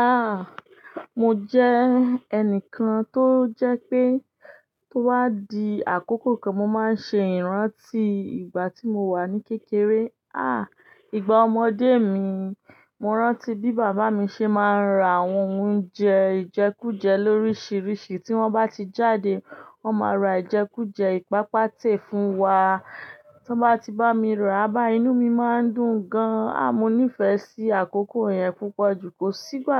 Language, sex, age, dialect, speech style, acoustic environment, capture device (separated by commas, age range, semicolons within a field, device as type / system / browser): Yoruba, female, 25-34, Nigeria - Ibadan, happy; excited, silence, desktop / Linux / Chrome